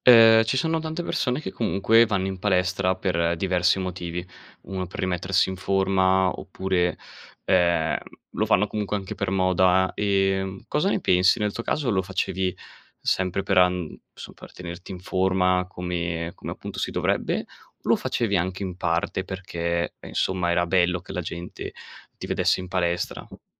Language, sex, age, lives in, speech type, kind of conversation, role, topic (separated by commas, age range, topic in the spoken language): Italian, male, 20-24, Italy, conversation, podcast, host, Cosa fai per restare attivo senza andare in palestra?